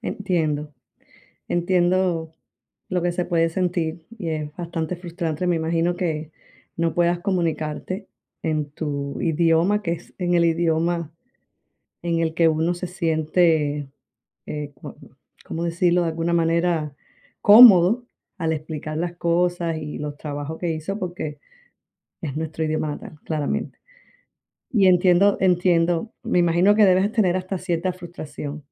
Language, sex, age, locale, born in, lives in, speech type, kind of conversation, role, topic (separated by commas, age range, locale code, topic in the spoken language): Spanish, female, 35-39, es-CU, Cuba, United States, conversation, advice, advisor, ¿Cómo te has sentido inseguro al pedir reconocimiento o crédito por tu trabajo?